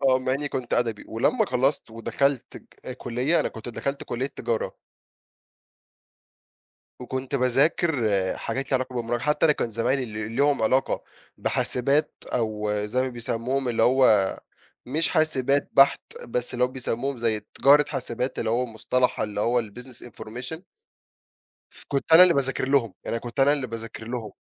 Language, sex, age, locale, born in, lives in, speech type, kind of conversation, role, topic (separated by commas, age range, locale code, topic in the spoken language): Arabic, male, 20-24, ar-EG, Egypt, Egypt, conversation, podcast, guest, هل في لحظة غيّرت مسارك المهني؟